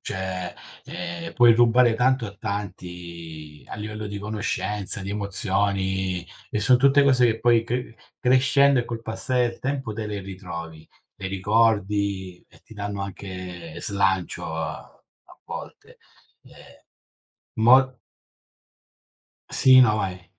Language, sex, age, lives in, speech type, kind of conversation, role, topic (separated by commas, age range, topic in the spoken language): Italian, male, 40-44, Italy, conversation, unstructured, none, Come immagini la tua vita tra dieci anni?